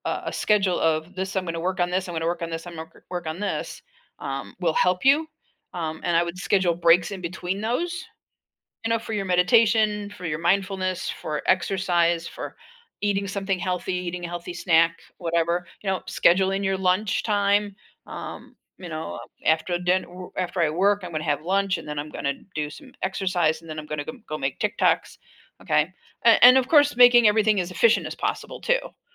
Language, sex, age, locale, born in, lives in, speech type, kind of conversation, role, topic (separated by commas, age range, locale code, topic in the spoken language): English, female, 60-64, en-US, United States, United States, conversation, advice, advisor, How can I boost my productivity without burning out?